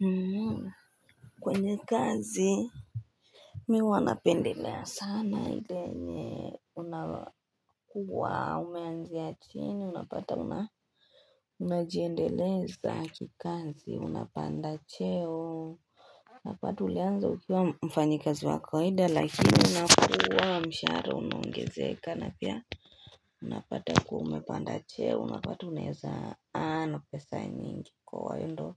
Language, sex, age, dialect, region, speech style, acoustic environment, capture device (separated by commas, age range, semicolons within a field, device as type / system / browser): Swahili, female, 18-24, Kenya - Nairobi Sheng-influenced, Kenya, happy, silence, desktop / Linux / Chrome